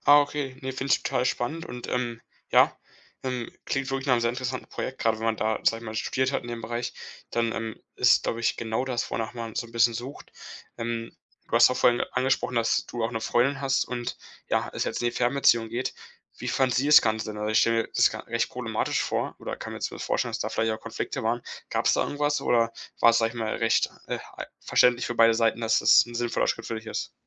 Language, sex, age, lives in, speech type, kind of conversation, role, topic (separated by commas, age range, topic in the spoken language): German, male, 18-19, Germany, conversation, advice, advisor, Möchtest du wegen der Arbeit in eine andere Stadt oder in ein anderes Land umziehen?